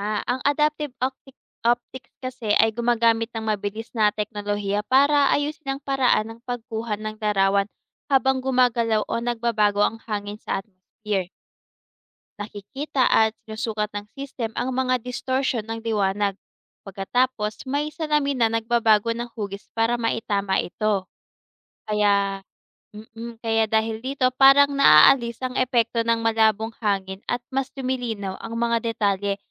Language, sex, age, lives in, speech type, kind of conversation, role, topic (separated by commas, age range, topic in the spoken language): Filipino, female, 25-29, Philippines, read, expert-assistant, expert, Paano gumagana ang mga telescope sa pagtingin sa kalawakan?